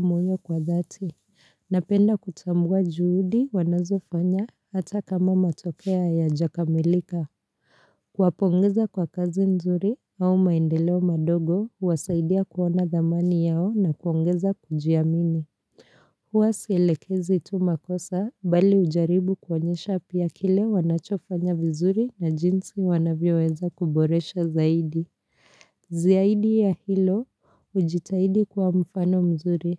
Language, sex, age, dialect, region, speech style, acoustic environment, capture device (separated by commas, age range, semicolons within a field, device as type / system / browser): Swahili, female, 25-34, Kenya - Nairobi Sheng-influenced, Kenya, happy; relaxed; focused, appliances; silence; nature; people talking, mobile / Linux / Chrome